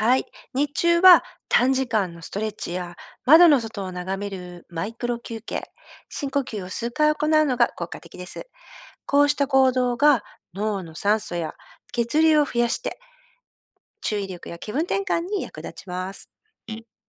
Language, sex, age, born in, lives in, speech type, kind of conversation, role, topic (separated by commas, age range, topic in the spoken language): Japanese, female, 50-54, Japan, Japan, read, expert-assistant, expert, 脳の働きを活かして、やる気や注意力をうまく保つコツにはどんなものがありますか？